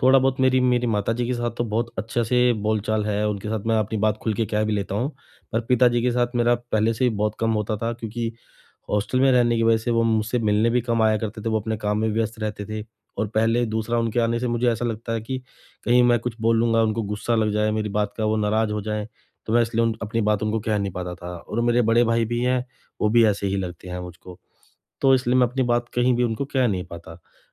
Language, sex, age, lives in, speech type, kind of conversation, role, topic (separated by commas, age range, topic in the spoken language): Hindi, male, 35-39, India, conversation, advice, user, नई जगह पर परिवार के साथ रहते हुए मैं दैनिक जीवन और आदतों के बदलाव को कैसे अच्छे से संभालूं?